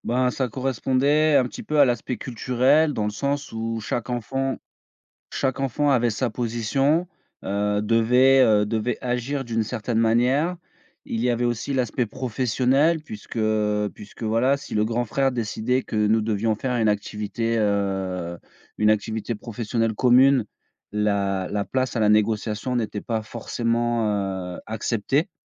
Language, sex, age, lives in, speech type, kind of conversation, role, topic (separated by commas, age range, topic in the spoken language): French, male, 35-39, France, conversation, podcast, guest, Quand faut-il dire non aux attentes familiales, selon toi ?